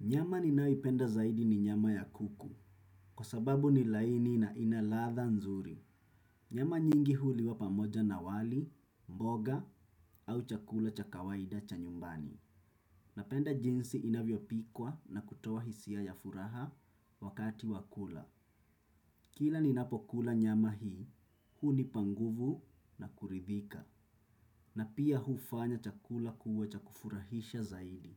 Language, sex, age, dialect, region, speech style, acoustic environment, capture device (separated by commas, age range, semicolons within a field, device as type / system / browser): Swahili, male, 25-34, Kenya - Mombasa, Kenya, focused; relaxed, silence, desktop / Windows / Chrome